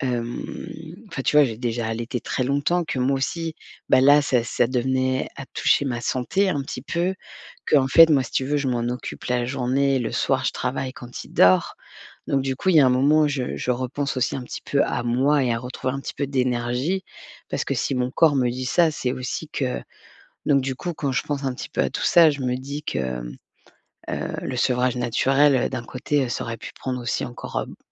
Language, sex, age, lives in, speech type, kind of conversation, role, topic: French, female, 40-44, France, conversation, advice, user, Comment puis-je mieux gérer les adieux et les séparations ?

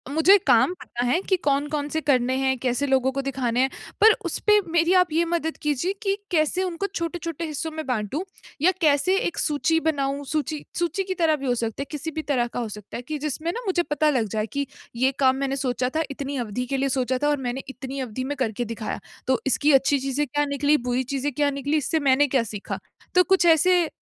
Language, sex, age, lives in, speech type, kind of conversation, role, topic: Hindi, female, 25-29, India, conversation, advice, user, बड़े लक्ष्यों को छोटे कार्यों में कैसे बाँटें?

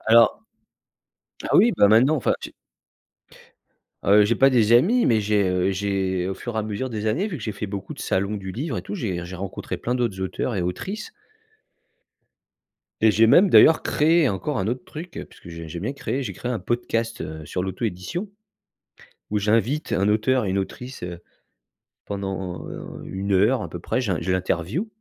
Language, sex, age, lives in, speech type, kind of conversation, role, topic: French, male, 45-49, France, conversation, advice, user, Quels aspects vous font douter de l’intérêt de votre produit et comment se manifeste votre syndrome de l’imposteur au quotidien ?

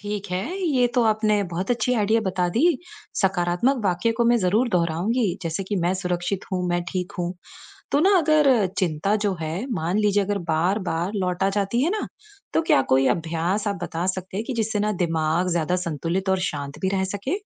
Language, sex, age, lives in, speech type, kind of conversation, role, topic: Hindi, female, 35-39, United States, read, expert-assistant, asker, मानसिक स्वास्थ्य बनाए रखने के लिए जीवन में संतुलन कैसे प्राप्त करें, खासकर जब काम और व्यक्तिगत ज़िम्मेदारियाँ बढ़ रही हों?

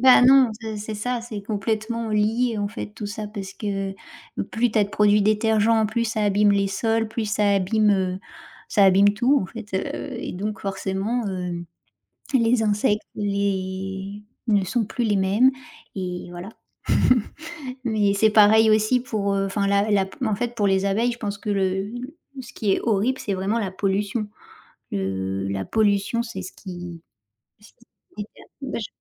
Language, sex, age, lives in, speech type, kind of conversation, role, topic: French, female, 35-39, France, conversation, unstructured, none, Pourquoi les abeilles sont-elles essentielles à la nature ?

